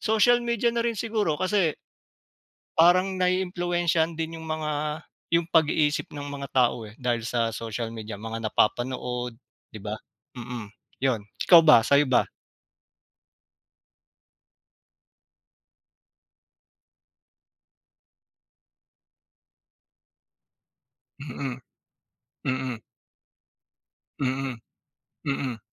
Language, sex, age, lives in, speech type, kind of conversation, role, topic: Filipino, male, 40-44, Philippines, conversation, unstructured, none, Ano ang masasabi mo tungkol sa hindi patas na pagtrato sa mga mahihirap?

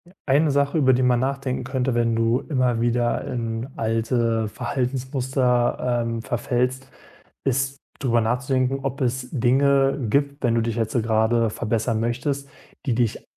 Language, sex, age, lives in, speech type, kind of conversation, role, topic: German, male, 25-29, Germany, conversation, advice, advisor, Warum prokrastiniere ich immer wieder, obwohl die Deadline näher rückt?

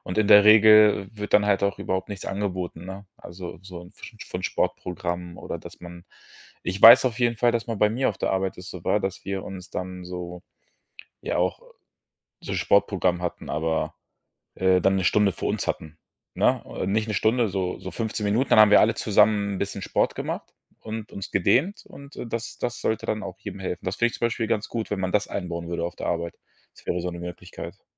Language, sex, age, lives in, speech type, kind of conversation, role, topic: German, male, 25-29, Germany, conversation, podcast, host, Wie integrierst du Bewegung in einen vollen Alltag?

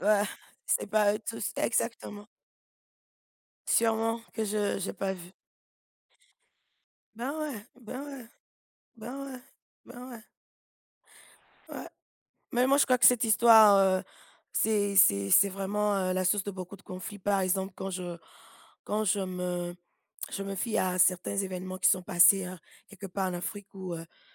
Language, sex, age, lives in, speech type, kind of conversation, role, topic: French, female, 40-44, United States, conversation, unstructured, none, Comment la religion peut-elle unir ou diviser les gens ?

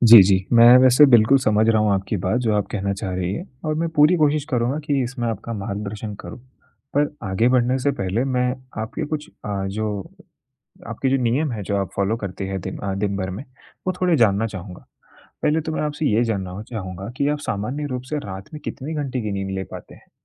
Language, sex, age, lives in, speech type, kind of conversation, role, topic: Hindi, male, 20-24, India, conversation, advice, advisor, क्या मैं रात की नींद बिगाड़े बिना दिन में थोड़ी देर की झपकी लेकर तरोताज़ा रह सकता/सकती हूँ?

